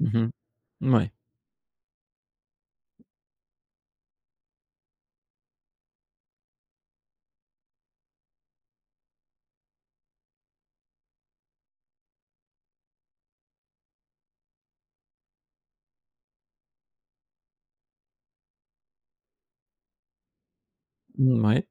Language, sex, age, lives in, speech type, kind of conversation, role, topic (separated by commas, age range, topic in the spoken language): French, male, 45-49, France, conversation, podcast, host, Quel est ton dessert fétiche pour célébrer une occasion ?